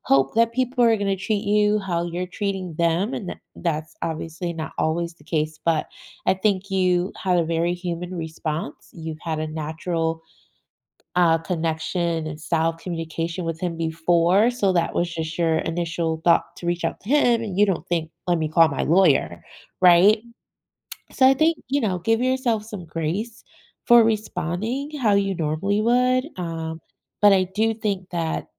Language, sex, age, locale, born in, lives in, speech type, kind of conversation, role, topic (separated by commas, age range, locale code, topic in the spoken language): English, female, 40-44, en-US, United States, United States, conversation, advice, advisor, How can I cope with and respond to a friend's betrayal?